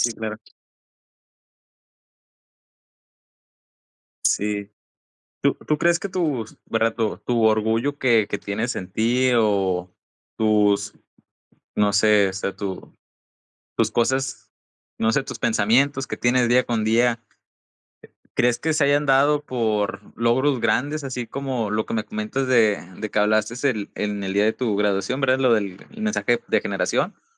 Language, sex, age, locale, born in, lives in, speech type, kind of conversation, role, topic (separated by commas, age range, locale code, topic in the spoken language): Spanish, male, 20-24, es-MX, Mexico, Mexico, conversation, unstructured, none, ¿Qué te hace sentir orgulloso/a de ti mismo/a?